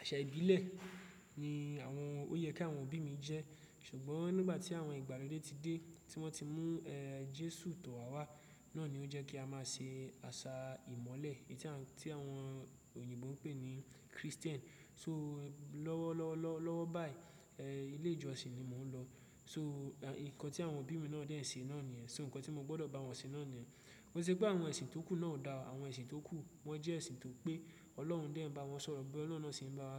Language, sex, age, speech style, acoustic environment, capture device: Yoruba, male, 18-24, happy, construction; nature, desktop / Windows / Chrome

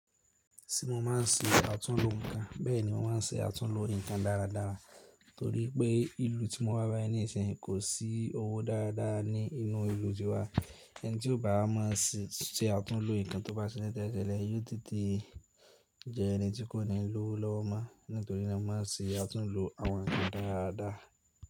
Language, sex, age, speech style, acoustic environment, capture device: Yoruba, male, 18-24, stressed, silence; appliances, mobile / Linux / Chrome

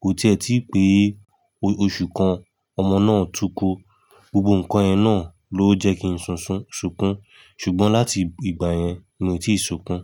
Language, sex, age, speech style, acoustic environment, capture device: Yoruba, male, 25-34, happy, silence, mobile / Linux / Chrome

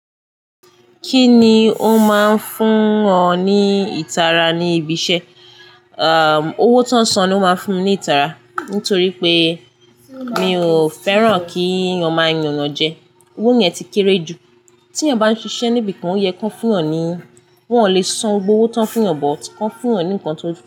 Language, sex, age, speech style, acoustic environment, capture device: Yoruba, female, 18-24, happy, silence, desktop / Linux / Chrome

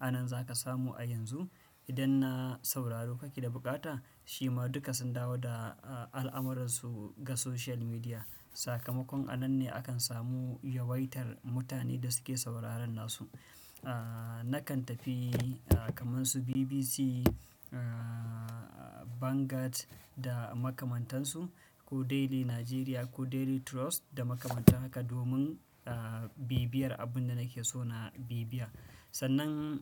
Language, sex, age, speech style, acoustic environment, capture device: Hausa, male, 35-44, happy; anxious; tired; relaxed; nervous; excited; focused; stressed, silence; nature; people talking; traffic; appliances, desktop / Windows / Chrome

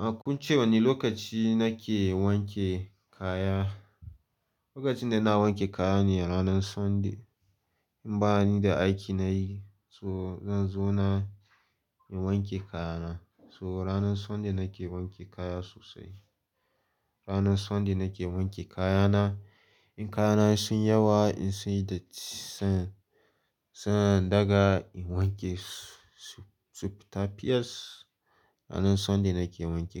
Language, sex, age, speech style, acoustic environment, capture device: Hausa, male, 18-24, happy; focused, silence, mobile / Linux / Chrome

